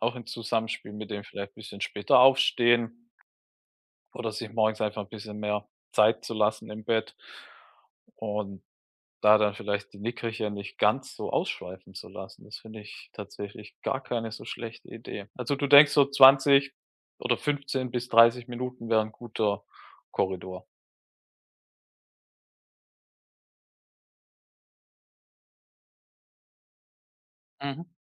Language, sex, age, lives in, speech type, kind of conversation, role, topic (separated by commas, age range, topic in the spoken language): German, male, 35-39, Germany, conversation, advice, user, Wie kann ich Nickerchen nutzen, um produktiv zu bleiben und mich gleichzeitig zu erholen?